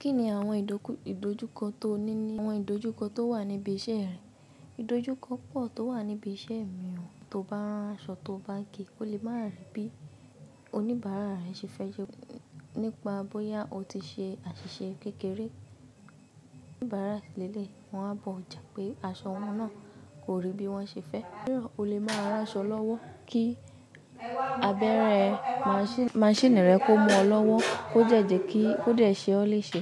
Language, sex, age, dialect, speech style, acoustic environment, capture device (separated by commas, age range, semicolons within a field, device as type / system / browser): Yoruba, female, 18-24, Nigeria - Ibadan, relaxed, people talking, mobile / macOS / Safari